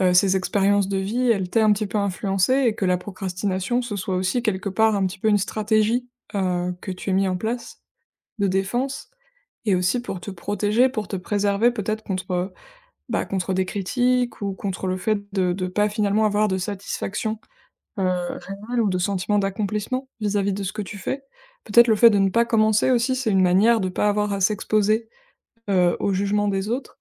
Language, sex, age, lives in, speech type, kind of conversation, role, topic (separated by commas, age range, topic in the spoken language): French, female, 25-29, France, conversation, advice, advisor, Comment décririez-vous la procrastination qui vous empêche d’accomplir de petites tâches quotidiennes ?